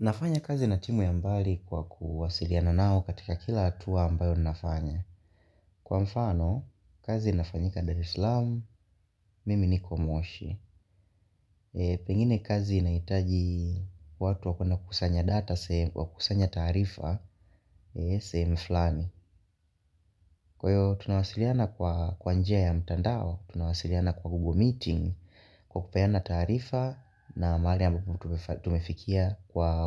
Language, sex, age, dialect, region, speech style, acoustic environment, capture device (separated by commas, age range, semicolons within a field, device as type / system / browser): Swahili, male, 18-24, Tanzania - Dar es Salaam, Tanzania, focused, nature, mobile / Linux / Chrome